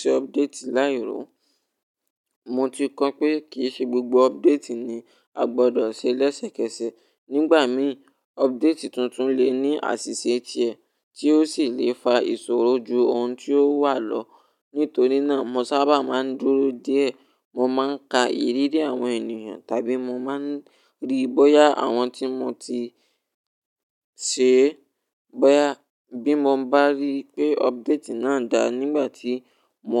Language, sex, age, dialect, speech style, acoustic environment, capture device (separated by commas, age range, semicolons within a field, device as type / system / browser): Yoruba, male, 18-24, Nigeria - Ibadan, relaxed, silence, mobile / Linux / Chrome